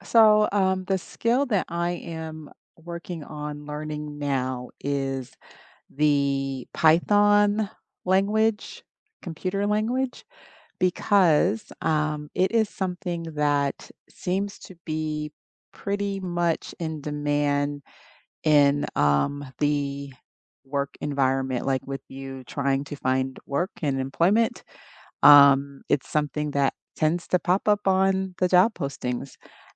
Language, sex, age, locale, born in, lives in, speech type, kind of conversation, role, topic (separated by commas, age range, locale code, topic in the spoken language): English, female, 50-54, en-US, United States, United States, conversation, unstructured, none, What skill are you learning right now, what inspired you to start, and how is it going so far?